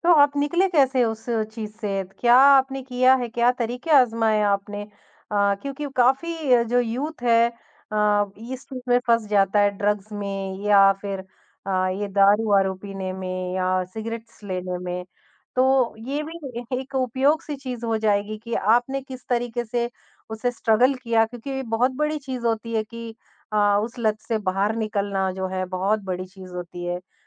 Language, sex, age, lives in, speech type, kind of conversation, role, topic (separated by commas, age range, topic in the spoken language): Hindi, male, 50-54, India, conversation, podcast, host, आत्म-क्षमा आपके लिए क्या मायने रखती है?